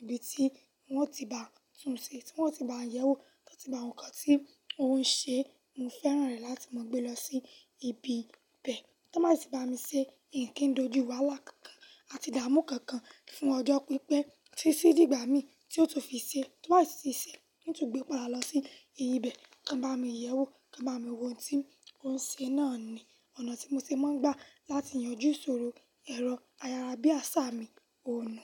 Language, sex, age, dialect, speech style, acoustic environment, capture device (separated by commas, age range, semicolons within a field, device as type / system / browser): Yoruba, female, 18-24, Nigeria - Lagos Yoruba, happy; anxious, silence, mobile / Linux / Chrome